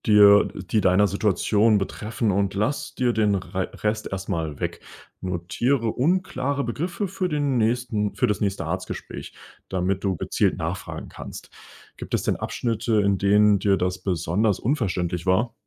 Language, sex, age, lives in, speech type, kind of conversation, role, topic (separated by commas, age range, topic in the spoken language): German, male, 20-24, Germany, read, expert-assistant, expert, Wie erkenne ich als Patient gute Infos, wenn Ärzte unterschiedliche Meinungen haben?